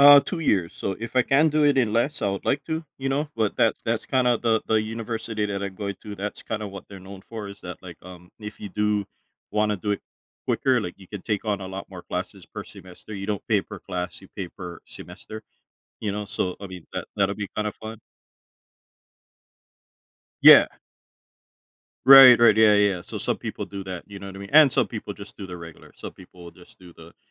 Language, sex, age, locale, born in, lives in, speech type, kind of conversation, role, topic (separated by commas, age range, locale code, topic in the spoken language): English, male, 35-39, en-US, United States, United States, conversation, advice, user, How do I build a stable routine while starting a new job?